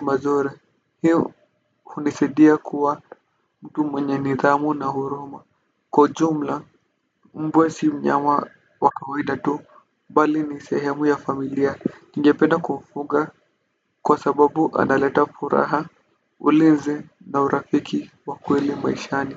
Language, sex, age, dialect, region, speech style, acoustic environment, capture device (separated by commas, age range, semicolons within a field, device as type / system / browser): Swahili, male, 18-24, Kenya - Nairobi Sheng-influenced, Kenya, relaxed, silence; nature, desktop / Windows / Firefox